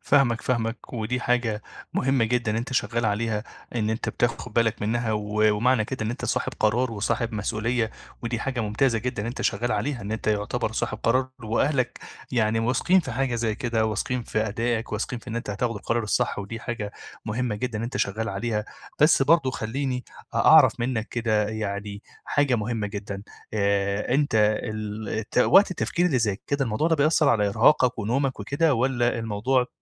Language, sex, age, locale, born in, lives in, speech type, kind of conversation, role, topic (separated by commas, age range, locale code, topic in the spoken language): Arabic, male, 25-29, ar-EG, Egypt, Egypt, conversation, advice, advisor, إزاي أتعامل مع الإرهاق اللي بيجي من إني باخد قرارات كتير طول الوقت؟